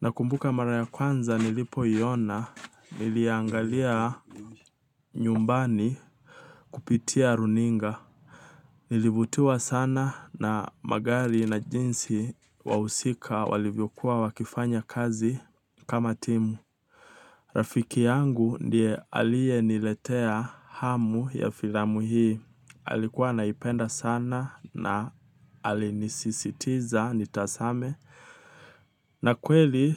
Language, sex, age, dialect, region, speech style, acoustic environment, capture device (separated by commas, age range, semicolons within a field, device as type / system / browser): Swahili, male, 25-34, Kenya - Nairobi Sheng-influenced, Kenya, relaxed, nature, mobile / Linux / Chrome